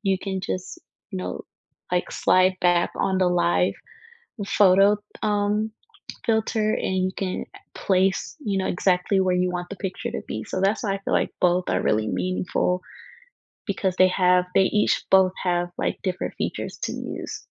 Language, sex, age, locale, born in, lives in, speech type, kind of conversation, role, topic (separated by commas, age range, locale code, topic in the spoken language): English, female, 20-24, en-US, United States, United States, conversation, unstructured, none, Do you prefer using your phone or a camera to capture memories, and why is that meaningful to you?